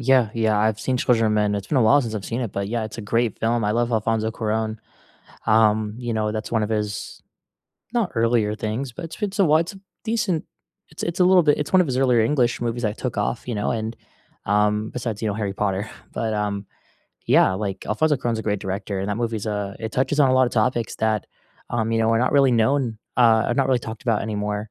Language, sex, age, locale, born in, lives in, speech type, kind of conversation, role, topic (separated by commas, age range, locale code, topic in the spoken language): English, male, 20-24, en-US, United States, United States, conversation, unstructured, none, Which underrated, little-seen movies do you passionately recommend, and what makes them unforgettable to you?